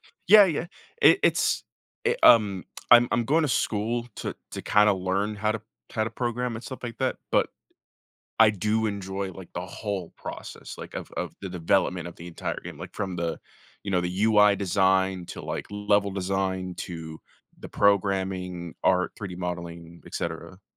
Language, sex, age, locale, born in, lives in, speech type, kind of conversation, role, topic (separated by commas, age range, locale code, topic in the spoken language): English, male, 25-29, en-US, United States, United States, conversation, podcast, guest, What helps you stay committed to practicing even when motivation is low?